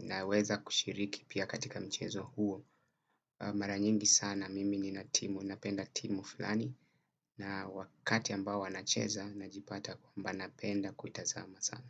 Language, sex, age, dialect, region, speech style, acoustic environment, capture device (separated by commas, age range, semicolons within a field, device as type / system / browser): Swahili, male, 25-34, Kenya - Mombasa, Kenya, relaxed, silence, desktop / Windows / Chrome